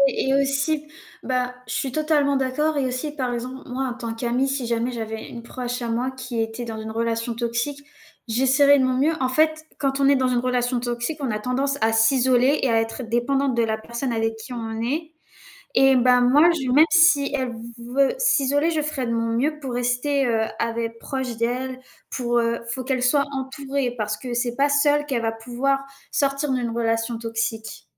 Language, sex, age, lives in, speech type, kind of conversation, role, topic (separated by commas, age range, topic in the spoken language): French, female, 18-19, France, conversation, unstructured, none, Comment savoir si une relation est toxique ?